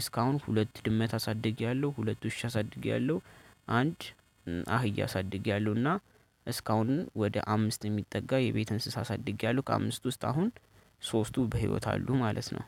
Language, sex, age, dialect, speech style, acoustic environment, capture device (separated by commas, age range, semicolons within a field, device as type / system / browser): Amharic, male, 18-24, Ethiopia - Addis Ababa, happy, nature, desktop / Linux / Chrome